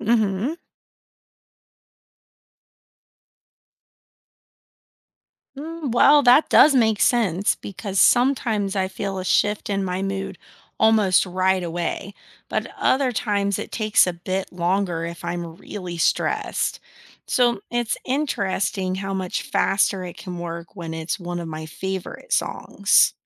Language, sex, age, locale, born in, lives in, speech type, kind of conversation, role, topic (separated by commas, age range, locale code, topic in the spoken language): English, female, 35-39, en-US, United States, United States, read, expert-assistant, asker, How does uplifting music influence mood and thoughts?